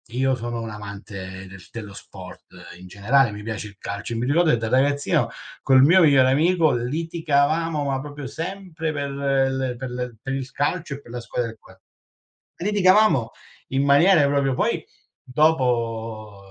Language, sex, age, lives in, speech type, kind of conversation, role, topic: Italian, male, 40-44, Italy, conversation, unstructured, none, Come gestisci i conflitti con un familiare stretto?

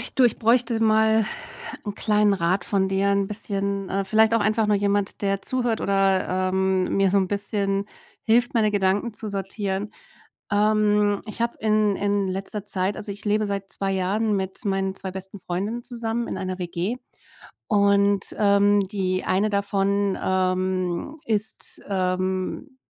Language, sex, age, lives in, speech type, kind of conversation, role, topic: German, female, 40-44, Germany, conversation, advice, user, Wie kann ich trotz eines vollen Tagesplans regelmäßig kurze Bewegungseinheiten in meinen Alltag integrieren?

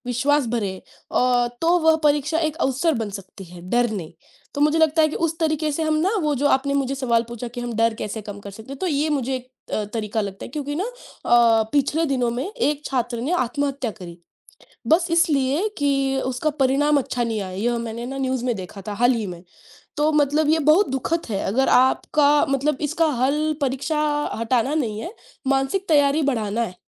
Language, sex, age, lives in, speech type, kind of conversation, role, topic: Hindi, female, 20-24, India, conversation, unstructured, none, क्या परीक्षा का दबाव छात्रों के लिए नुकसानदायक होता है?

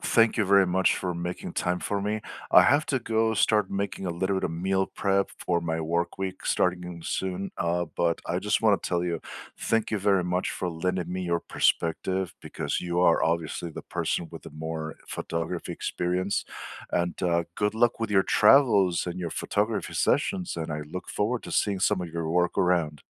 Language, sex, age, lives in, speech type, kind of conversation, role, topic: English, male, 45-49, United States, conversation, unstructured, none, What hobby would you love to pick up this year?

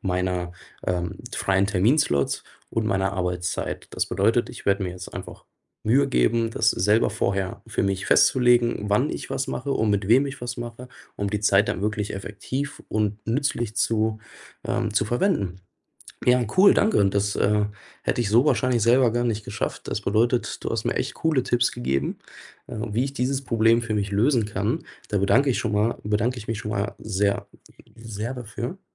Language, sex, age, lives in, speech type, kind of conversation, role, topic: German, male, 25-29, Germany, conversation, advice, user, Wie schaffst du es, Sport in deinen engen Arbeits- und Familienalltag einzubauen?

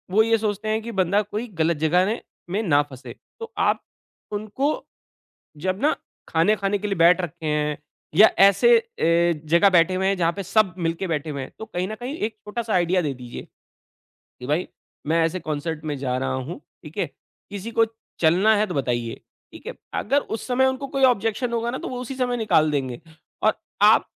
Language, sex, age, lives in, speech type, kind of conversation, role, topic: Hindi, male, 40-44, India, conversation, advice, advisor, परिवार की मुलाकातों में रिश्ते खराब किए बिना अपनी सीमाएँ कैसे तय करूँ?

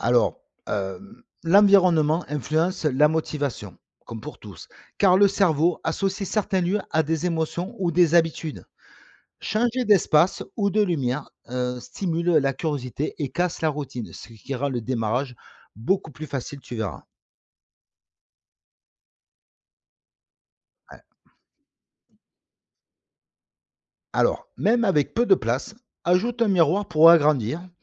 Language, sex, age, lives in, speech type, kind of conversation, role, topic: French, male, 45-49, France, read, expert-assistant, expert, Quels systèmes simples permettent de garder le sport comme une habitude, même quand la vie devient compliquée ?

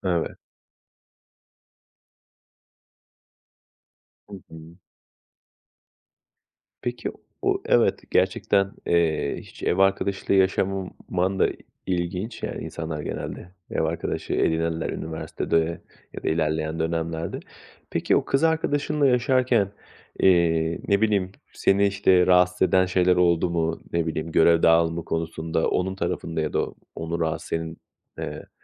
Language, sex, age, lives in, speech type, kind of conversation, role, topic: Turkish, male, 35-39, Poland, conversation, podcast, host, Yoğun iş dönemlerinde ev işleriyle nasıl başa çıkıyorsunuz?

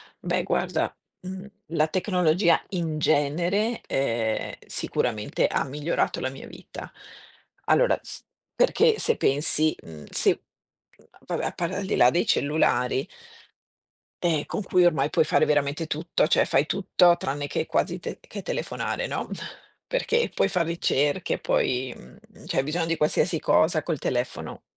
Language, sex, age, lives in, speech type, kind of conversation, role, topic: Italian, female, 50-54, Italy, conversation, unstructured, none, Come ha cambiato la tecnologia la tua vita quotidiana?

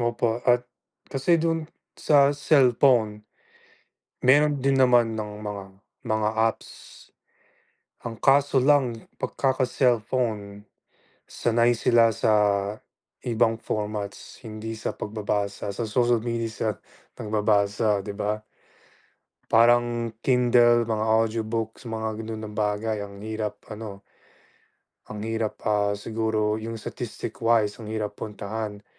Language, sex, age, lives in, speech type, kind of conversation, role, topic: Filipino, male, 25-29, United States, conversation, unstructured, none, Ano ang dapat gawin upang mabawasan ang krimen sa ating mga barangay?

